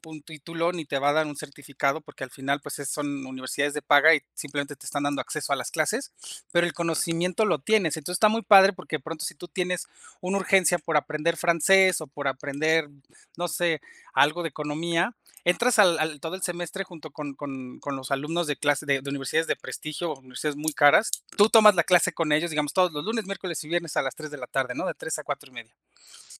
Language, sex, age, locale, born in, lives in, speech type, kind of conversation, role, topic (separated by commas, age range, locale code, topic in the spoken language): Spanish, male, 35-39, es-MX, Mexico, Mexico, conversation, unstructured, none, ¿Crees que la tecnología ayuda a que todas las personas tengan acceso a la educación?